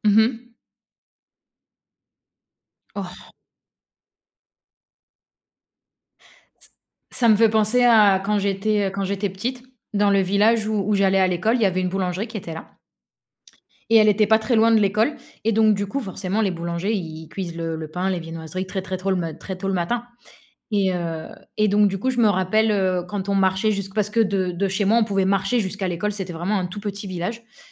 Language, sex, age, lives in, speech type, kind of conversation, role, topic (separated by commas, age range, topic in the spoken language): French, female, 25-29, Spain, conversation, unstructured, none, As-tu une odeur qui te rappelle un moment spécial ?